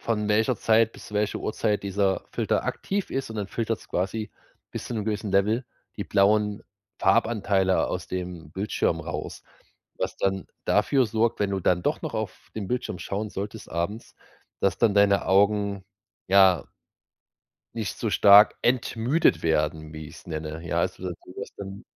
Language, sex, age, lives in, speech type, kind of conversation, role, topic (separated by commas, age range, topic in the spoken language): German, male, 30-34, Germany, conversation, advice, advisor, Wie kann ich meine Alltagsroutinen an körperliche Veränderungen anpassen?